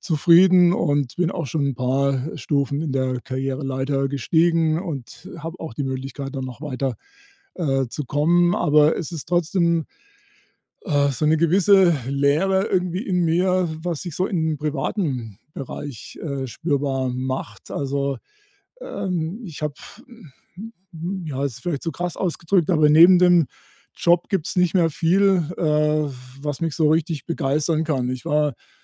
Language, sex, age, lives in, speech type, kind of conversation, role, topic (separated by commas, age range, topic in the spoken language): German, male, 60-64, Germany, conversation, advice, user, Wie kann ich meinen Selbstwert spüren, ohne ihn an meinen beruflichen Erfolg zu knüpfen?